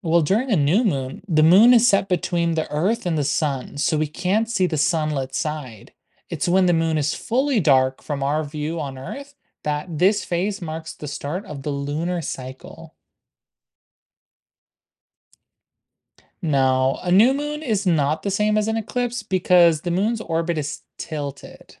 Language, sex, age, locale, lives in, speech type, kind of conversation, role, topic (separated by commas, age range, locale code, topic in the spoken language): English, male, 20-24, en-US, United States, read, expert-assistant, expert, What are the phases of the moon and their effects?